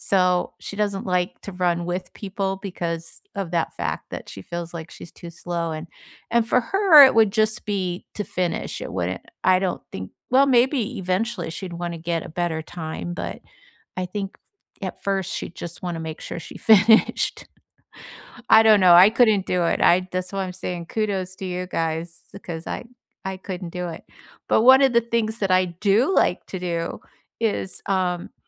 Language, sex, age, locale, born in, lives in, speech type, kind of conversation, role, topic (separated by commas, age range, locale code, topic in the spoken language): English, female, 65-69, en-US, United States, United States, conversation, unstructured, none, What’s your go-to way to unwind after a long day, and why does it help you?